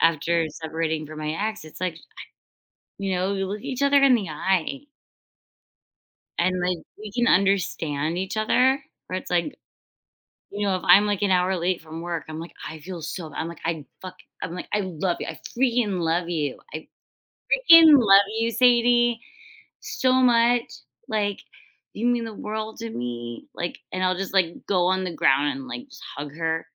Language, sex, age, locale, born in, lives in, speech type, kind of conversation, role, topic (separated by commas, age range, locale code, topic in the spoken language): English, female, 30-34, en-US, United States, United States, conversation, unstructured, none, Which makes a better pet: a cat or a dog?